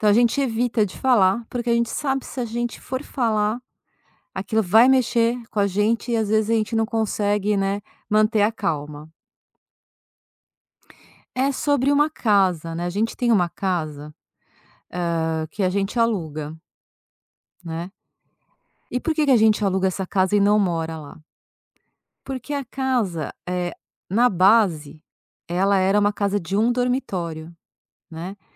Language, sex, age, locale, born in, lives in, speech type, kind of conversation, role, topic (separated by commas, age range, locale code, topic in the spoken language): Portuguese, female, 50-54, pt-BR, Brazil, France, conversation, advice, user, Como pedir desculpas sinceras depois de magoar alguém?